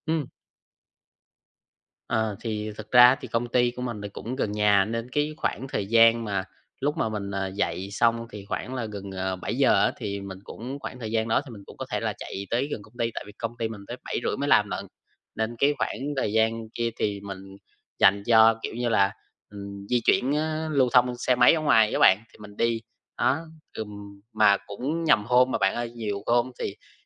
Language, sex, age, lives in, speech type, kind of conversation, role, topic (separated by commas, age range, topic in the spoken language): Vietnamese, male, 20-24, Vietnam, conversation, advice, user, Làm sao để bắt đầu ngày mới đầy năng lượng khi tôi thường thiếu động lực vào buổi sáng?